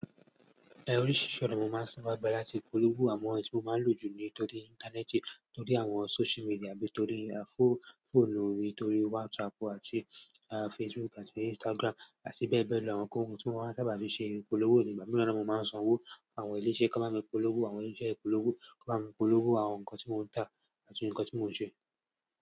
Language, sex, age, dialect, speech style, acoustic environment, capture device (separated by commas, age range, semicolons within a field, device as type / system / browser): Yoruba, male, 18-24, Nigeria - Lagos Yoruba, focused, silence, mobile / Android / Chrome